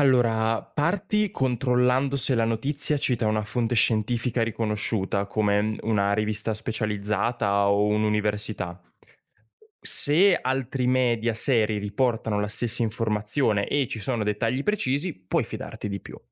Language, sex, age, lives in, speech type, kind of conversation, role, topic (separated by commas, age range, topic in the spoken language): Italian, male, 20-24, Italy, read, expert-assistant, expert, Come faccio a capire se una notizia sulla vita marina è affidabile?